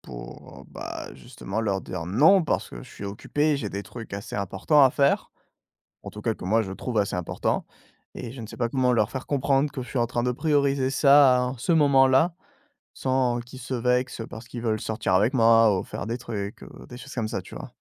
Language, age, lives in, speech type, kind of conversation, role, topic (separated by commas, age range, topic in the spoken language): French, 20-24, France, conversation, advice, user, Comment dire non à une demande sans blesser ?